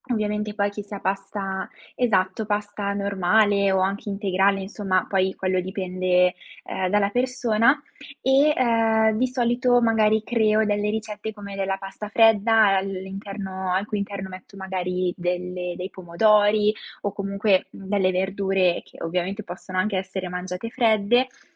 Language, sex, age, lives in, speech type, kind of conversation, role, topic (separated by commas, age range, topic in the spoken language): Italian, female, 20-24, Italy, conversation, podcast, guest, Come ti organizzi per mangiare sano anche quando sei impegnato?